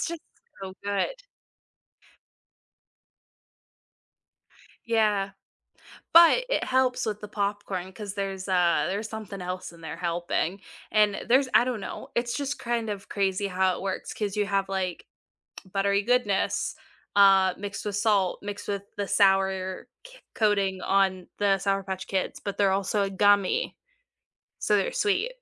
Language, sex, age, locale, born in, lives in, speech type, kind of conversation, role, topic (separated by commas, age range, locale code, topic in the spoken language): English, female, 25-29, en-US, United States, United States, conversation, unstructured, none, Where do you love watching movies—at home or in theaters—and what makes it special for you?